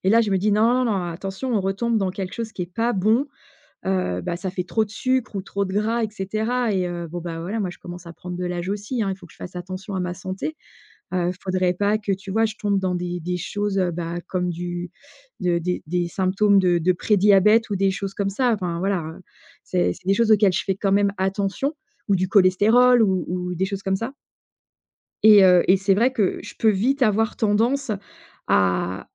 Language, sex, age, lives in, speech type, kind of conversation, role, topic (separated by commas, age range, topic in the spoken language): French, female, 45-49, France, conversation, advice, user, Comment décrire une rechute dans de vieilles habitudes malgré de bonnes intentions ?